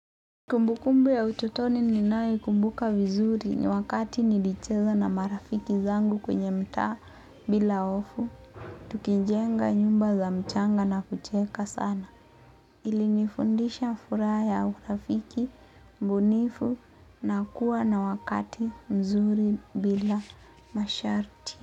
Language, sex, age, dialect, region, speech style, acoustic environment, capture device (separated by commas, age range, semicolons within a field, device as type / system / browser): Swahili, female, 18-24, Kenya - Mombasa, Kenya, focused, nature, mobile / Linux / Chrome